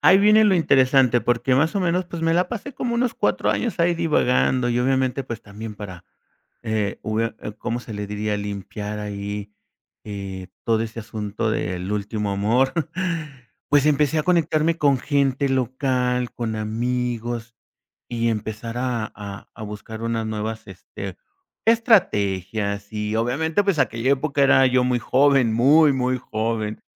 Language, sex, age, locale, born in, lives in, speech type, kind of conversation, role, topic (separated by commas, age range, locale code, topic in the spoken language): Spanish, male, 50-54, es-MX, Mexico, Mexico, conversation, podcast, guest, ¿Qué actividad local te hizo sentir que ya formabas parte del lugar?